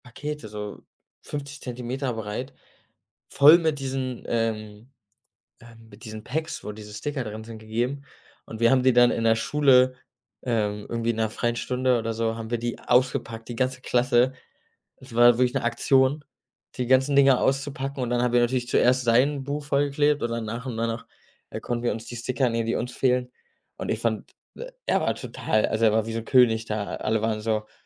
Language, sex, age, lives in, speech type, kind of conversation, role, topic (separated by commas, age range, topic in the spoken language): German, male, 25-29, Germany, conversation, podcast, guest, Was hast du als Kind gesammelt und warum?